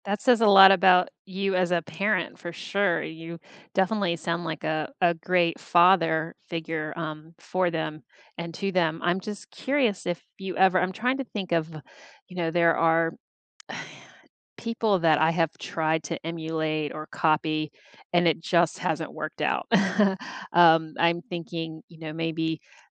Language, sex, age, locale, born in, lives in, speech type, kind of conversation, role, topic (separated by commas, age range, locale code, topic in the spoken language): English, female, 50-54, en-US, United States, United States, conversation, unstructured, none, Who inspires you, what have you learned from them, and how do you balance their influence with forging your own path?